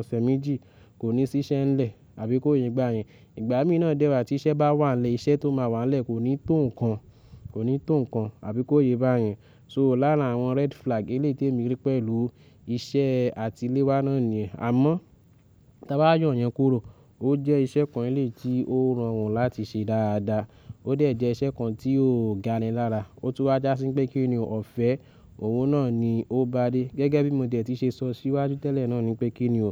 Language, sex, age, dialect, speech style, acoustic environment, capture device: Yoruba, male, 25-34, Nigeria - Lagos Yoruba, nervous, silence, mobile / Linux / Chrome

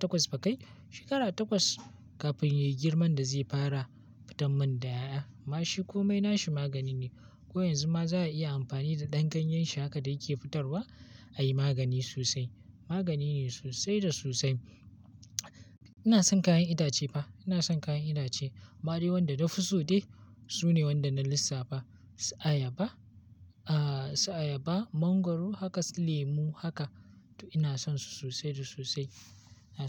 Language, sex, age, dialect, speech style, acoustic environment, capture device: Hausa, male, 18-24, Nigeria - Kano, happy, nature; silence; appliances, mobile / Linux / Chrome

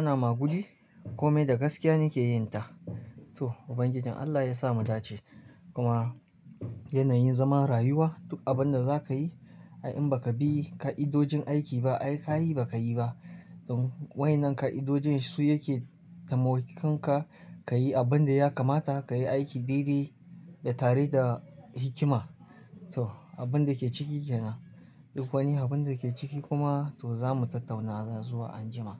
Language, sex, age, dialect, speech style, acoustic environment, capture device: Hausa, male, 25-34, Nigeria - Kano, relaxed; happy, silence, mobile / Linux / Chrome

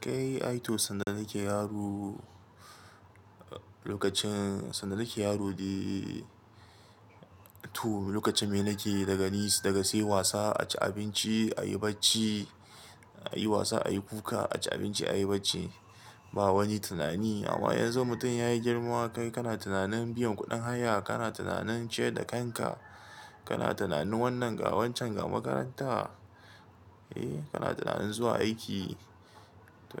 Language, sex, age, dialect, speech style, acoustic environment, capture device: Hausa, male, 25-34, Nigeria - Kano, happy, silence, mobile / Linux / Chrome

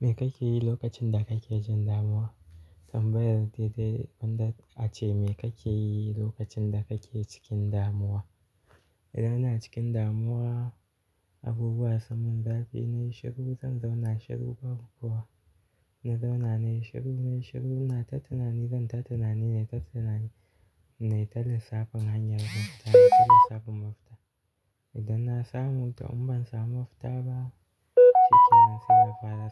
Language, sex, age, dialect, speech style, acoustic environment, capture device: Hausa, male, 18-24, Nigeria - Kano, relaxed, nature, mobile / Linux / Chrome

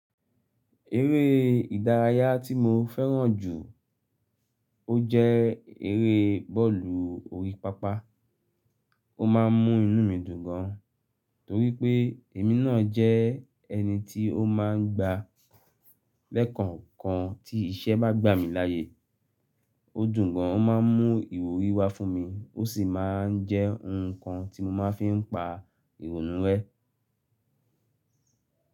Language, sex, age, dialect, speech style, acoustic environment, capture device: Yoruba, male, 35-44, Nigeria - Lagos Yoruba, happy, nature, mobile / Linux / Chrome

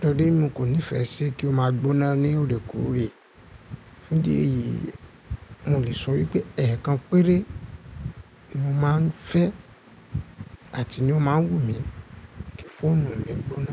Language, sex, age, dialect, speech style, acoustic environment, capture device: Yoruba, male, 25-34, Nigeria - Ibadan, focused, appliances, mobile / Linux / Chrome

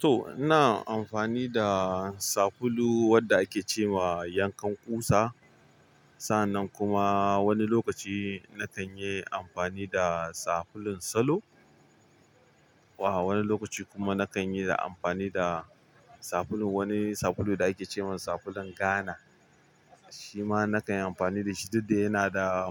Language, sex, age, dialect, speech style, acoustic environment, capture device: Hausa, male, 25-34, Nigeria - Kano, happy, traffic, mobile / Linux / Chrome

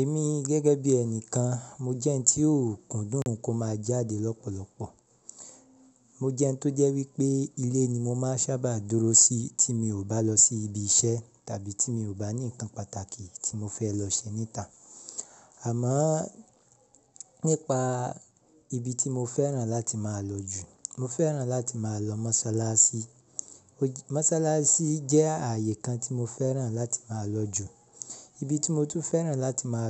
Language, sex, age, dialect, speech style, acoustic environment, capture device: Yoruba, male, 25-34, Nigeria - Ibadan, happy; relaxed; excited; focused, silence, mobile / Linux / Chrome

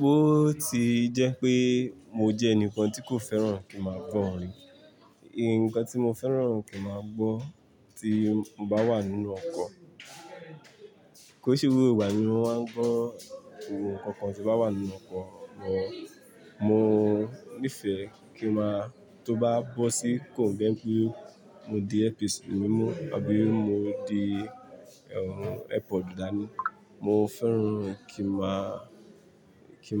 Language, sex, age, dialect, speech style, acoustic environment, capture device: Yoruba, male, 25-34, Nigeria - Lagos Yoruba, relaxed, people talking, mobile / Linux / Chrome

